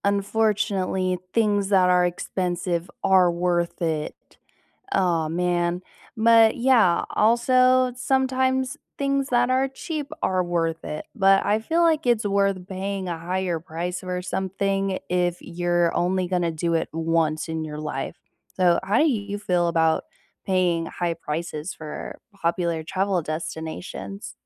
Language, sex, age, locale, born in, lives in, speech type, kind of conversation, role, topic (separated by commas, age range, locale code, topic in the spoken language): English, female, 20-24, en-US, United States, United States, conversation, unstructured, none, How do you feel about paying high prices for popular travel destinations?